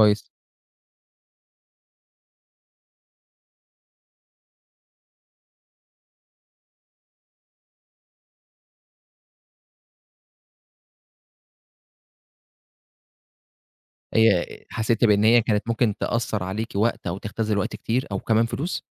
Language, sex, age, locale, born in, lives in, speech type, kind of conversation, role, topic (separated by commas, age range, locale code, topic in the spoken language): Arabic, male, 25-29, ar-EG, Egypt, Egypt, conversation, podcast, host, إيه اللحظة اللي غيّرت مجرى حياتك تمامًا؟